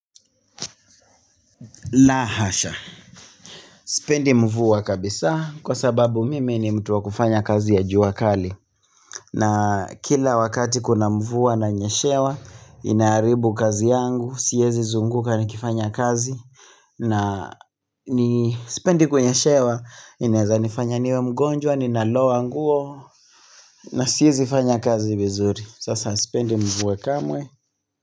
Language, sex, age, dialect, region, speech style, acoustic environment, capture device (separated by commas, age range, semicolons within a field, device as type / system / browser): Swahili, male, 25-34, Kenya - Nairobi Sheng-influenced, Kenya, happy, silence, mobile / Linux / Chrome